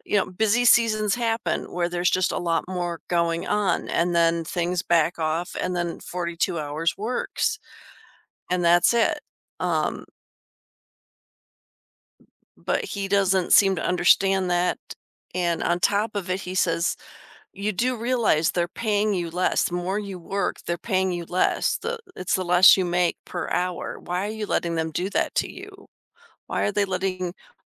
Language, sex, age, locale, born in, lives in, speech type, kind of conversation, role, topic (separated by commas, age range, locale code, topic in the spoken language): English, female, 50-54, en-US, United States, United States, conversation, advice, user, How can I better manage my time to balance work and personal life?